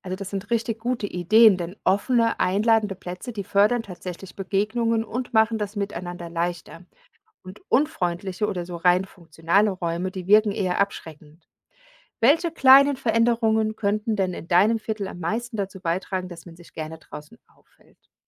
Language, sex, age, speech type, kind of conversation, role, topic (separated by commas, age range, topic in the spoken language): German, female, 40-44, read, expert-assistant, expert, Wie beeinflusst das Stadtviertel, in dem ich lebe, meine Motivation und Zufriedenheit?